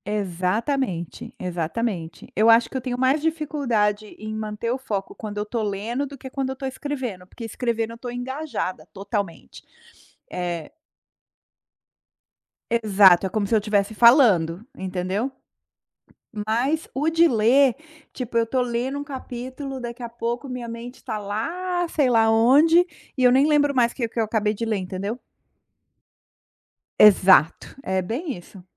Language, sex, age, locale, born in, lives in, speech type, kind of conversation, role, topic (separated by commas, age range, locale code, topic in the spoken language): Portuguese, female, 45-49, pt-BR, Brazil, United States, conversation, advice, user, Como posso reduzir as distrações internas e externas enquanto trabalho?